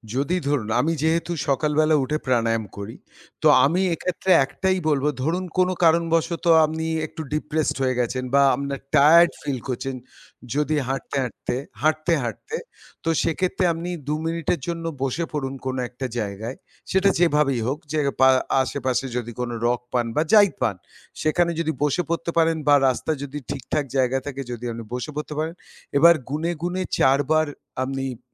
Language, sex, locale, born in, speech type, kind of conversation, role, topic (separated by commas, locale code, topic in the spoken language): Bengali, male, bn-IN, India, conversation, podcast, guest, দুই মিনিটে করা যায় এমন কোনো সহজ আরাম পাওয়ার কৌশল কি বলতে পারেন?